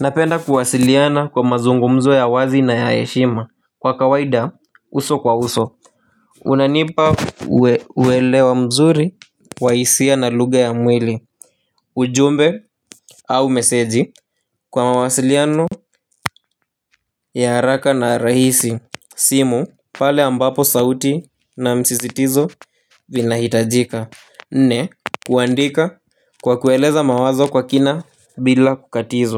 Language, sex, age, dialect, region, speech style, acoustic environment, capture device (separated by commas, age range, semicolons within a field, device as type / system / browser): Swahili, male, 18-24, Kenya - Nairobi Sheng-influenced, Kenya, happy, nature, mobile / Linux / Chrome